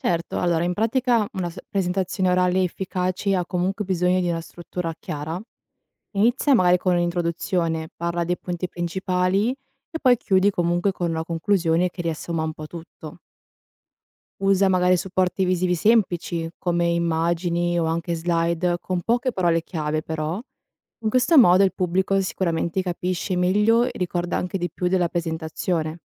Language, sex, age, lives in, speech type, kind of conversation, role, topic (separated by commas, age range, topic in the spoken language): Italian, female, 20-24, Italy, read, expert-assistant, expert, Come si prepara una presentazione orale efficace per la scuola?